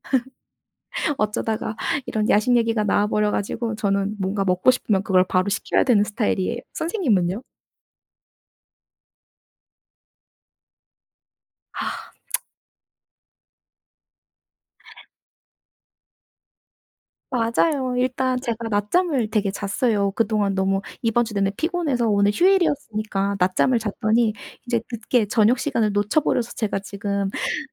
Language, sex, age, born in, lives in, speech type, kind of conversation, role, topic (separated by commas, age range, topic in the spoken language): Korean, female, 30-34, South Korea, South Korea, conversation, unstructured, none, 당신이 가장 좋아하는 음식은 무엇인가요?